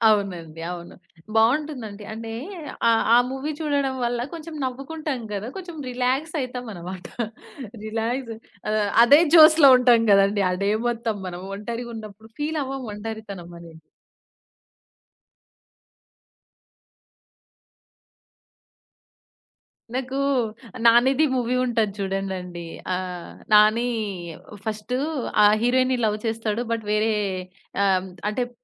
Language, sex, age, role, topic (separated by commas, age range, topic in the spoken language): Telugu, female, 20-24, guest, ఎలాంటి సినిమాలు నీకు ఊరట ఇస్తాయి?